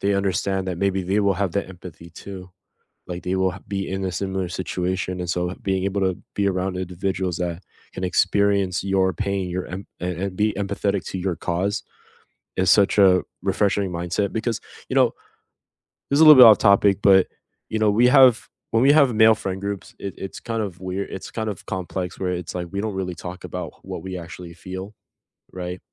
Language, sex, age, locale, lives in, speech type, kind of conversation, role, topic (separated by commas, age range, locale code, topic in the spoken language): English, male, 20-24, en-US, United States, conversation, unstructured, none, Where do you see yourself in five years?